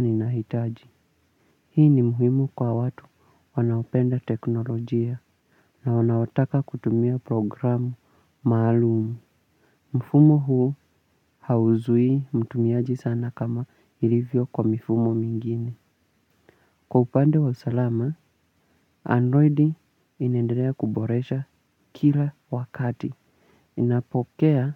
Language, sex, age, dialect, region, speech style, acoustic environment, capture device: Swahili, male, 18-24, Kenya - Mombasa, Kenya, relaxed, nature, mobile / Linux / Chrome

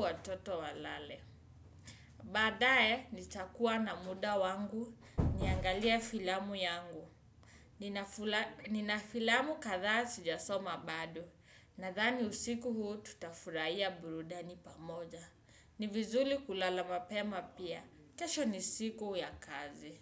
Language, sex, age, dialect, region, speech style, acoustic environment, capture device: Swahili, male, 25-34, Kenya - Nairobi Sheng-influenced, Kenya, focused, nature, desktop / Windows / Chrome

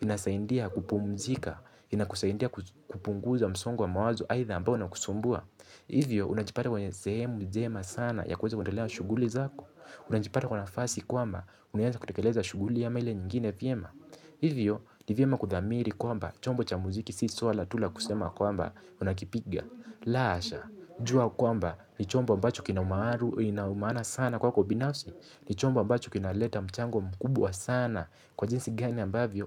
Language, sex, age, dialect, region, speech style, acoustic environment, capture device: Swahili, male, 18-24, Kenya - Mombasa, Kenya, focused; relaxed, silence; nature, mobile / Linux / Chrome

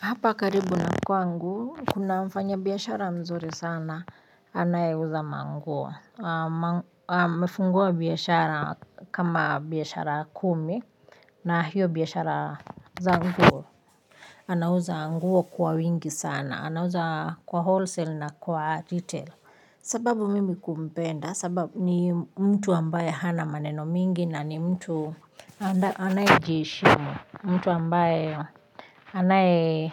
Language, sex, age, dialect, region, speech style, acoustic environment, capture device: Swahili, female, 35-44, Kenya - Nairobi Sheng-influenced, Kenya, happy, nature, mobile / Linux / Chrome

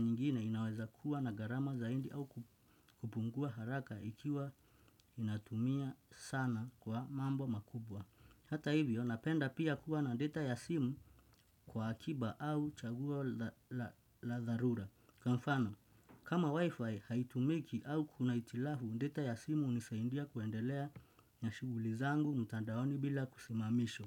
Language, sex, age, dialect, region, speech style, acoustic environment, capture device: Swahili, male, 25-34, Kenya - Nairobi Sheng-influenced, Kenya, happy; relaxed; focused, nature, desktop / Windows / Chrome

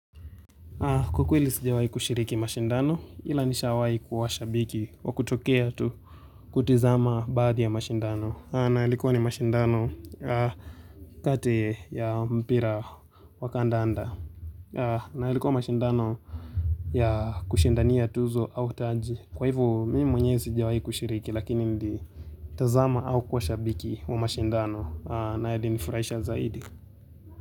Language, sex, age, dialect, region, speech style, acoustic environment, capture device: Swahili, male, 18-24, Kenya - Nairobi Sheng-influenced, Kenya, focused, nature, mobile / Linux / Chrome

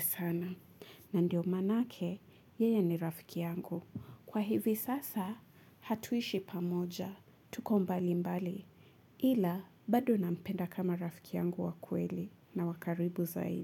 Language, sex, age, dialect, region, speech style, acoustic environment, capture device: Swahili, female, 25-34, Kenya - Mombasa, Kenya, relaxed, nature, mobile / Linux / Chrome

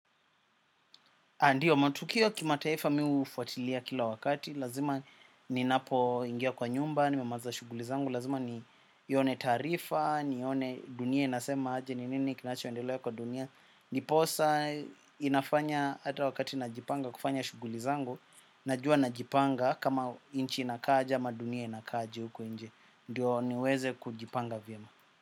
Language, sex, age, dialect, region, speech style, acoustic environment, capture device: Swahili, male, 25-34, Kenya - Nairobi Sheng-influenced, Kenya, happy, silence, desktop / macOS / Chrome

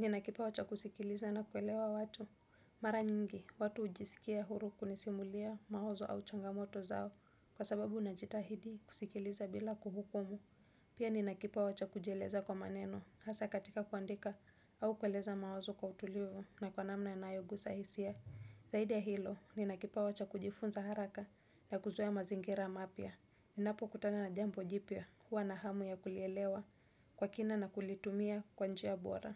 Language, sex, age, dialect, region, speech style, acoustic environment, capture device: Swahili, female, 25-34, Kenya - Mombasa, Kenya, happy, silence, desktop / Windows / Chrome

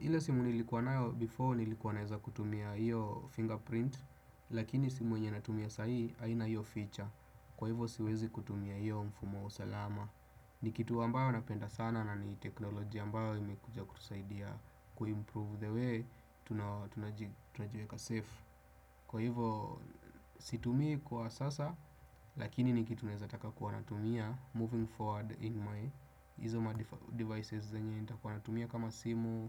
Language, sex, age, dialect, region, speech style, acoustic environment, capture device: Swahili, male, 25-34, Kenya - Nairobi Sheng-influenced, Kenya, focused; relaxed, silence, desktop / Windows / Chrome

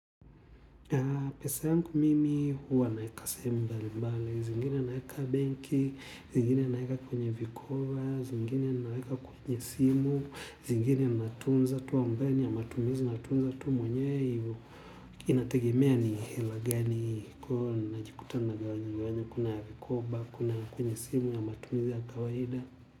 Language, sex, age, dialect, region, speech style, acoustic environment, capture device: Swahili, male, 25-34, Tanzania - Dar es Salaam, Tanzania, relaxed, people talking; music; silence, mobile / Linux / Chrome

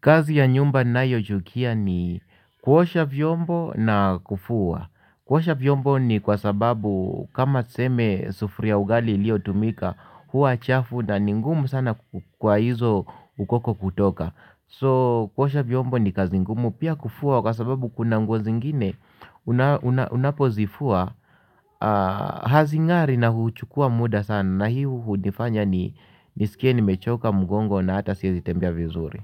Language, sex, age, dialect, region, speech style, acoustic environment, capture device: Swahili, male, 45-54, Kenya - Nairobi Sheng-influenced, Kenya, happy, silence, mobile / Linux / Chrome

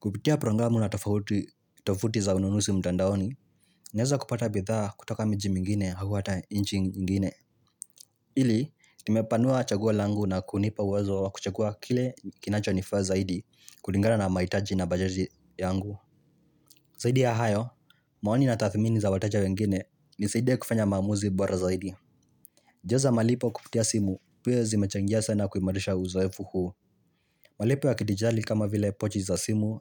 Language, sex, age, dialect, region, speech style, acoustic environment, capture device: Swahili, male, 18-24, Kenya - Nairobi Sheng-influenced, Kenya, relaxed, nature, mobile / Linux / Chrome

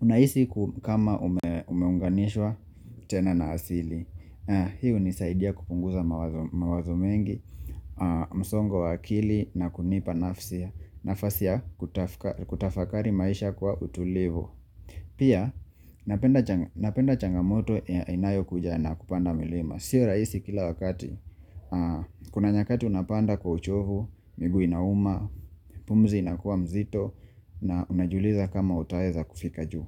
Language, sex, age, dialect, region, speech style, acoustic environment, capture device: Swahili, male, 25-34, Kenya - Mombasa, Kenya, relaxed, silence, mobile / Linux / Chrome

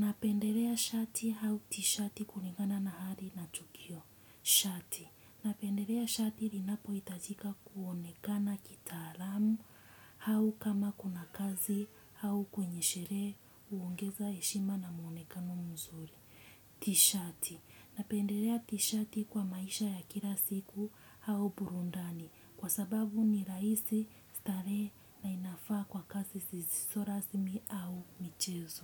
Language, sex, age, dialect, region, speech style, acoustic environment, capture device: Swahili, female, 25-34, Kenya - Mombasa, Kenya, relaxed, silence; nature, desktop / Windows / Chrome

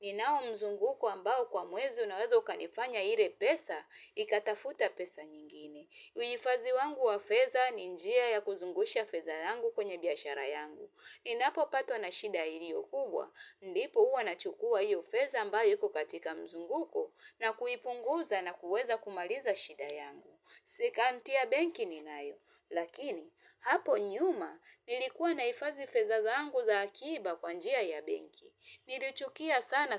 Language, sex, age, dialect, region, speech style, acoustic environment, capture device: Swahili, female, 35-44, Tanzania - Dar es Salaam, Tanzania, happy; relaxed, nature, mobile / Linux / Chrome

